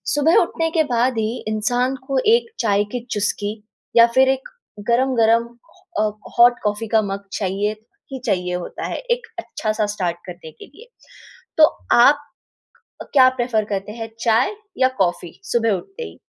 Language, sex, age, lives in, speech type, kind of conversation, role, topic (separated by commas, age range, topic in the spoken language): Hindi, female, 20-24, India, conversation, podcast, host, Tumhari morning routine mein chai ya coffee ka kya role hota hai?